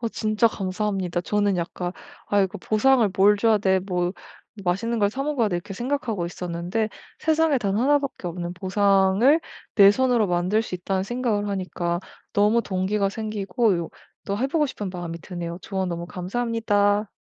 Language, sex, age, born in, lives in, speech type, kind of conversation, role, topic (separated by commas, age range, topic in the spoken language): Korean, female, 25-29, South Korea, Germany, conversation, advice, user, 어떻게 하면 동기를 유지하는 데 도움이 되는 보상을 찾을 수 있을까요?